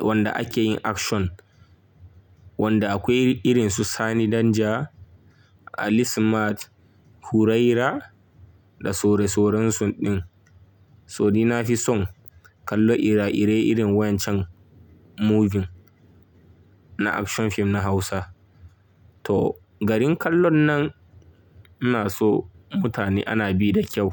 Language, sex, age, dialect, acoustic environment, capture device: Hausa, male, 25-34, Nigeria - Kano, silence, mobile / Linux / Chrome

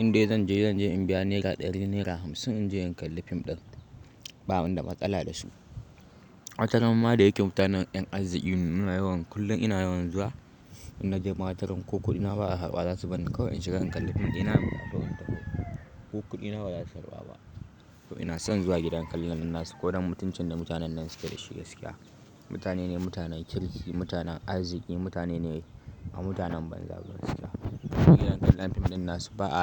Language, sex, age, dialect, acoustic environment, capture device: Hausa, male, 18-24, Nigeria - Kano, silence, mobile / Linux / Chrome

